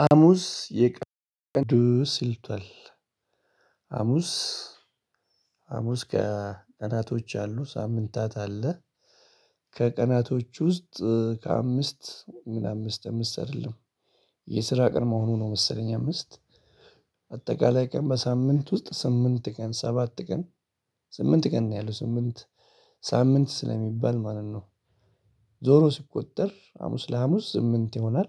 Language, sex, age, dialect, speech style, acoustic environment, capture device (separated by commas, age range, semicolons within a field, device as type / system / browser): Amharic, male, 35-44, Ethiopia - Addis Ababa, excited; focused, people talking; appliances, mobile / Linux / Chrome